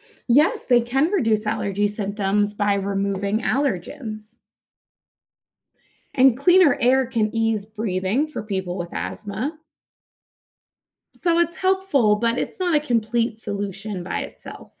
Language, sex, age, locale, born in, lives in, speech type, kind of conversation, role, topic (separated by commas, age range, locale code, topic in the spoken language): English, female, 35-39, en-US, United States, United States, read, expert-assistant, expert, How does an air purifier clean the air?